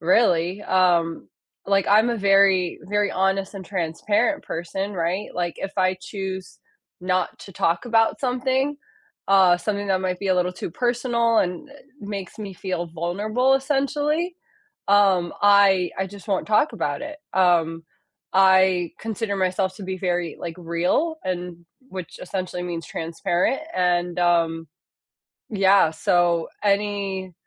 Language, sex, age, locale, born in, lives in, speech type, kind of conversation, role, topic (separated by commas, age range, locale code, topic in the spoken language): English, female, 30-34, en-US, United States, United States, conversation, unstructured, none, What do you think is the hardest thing to forgive?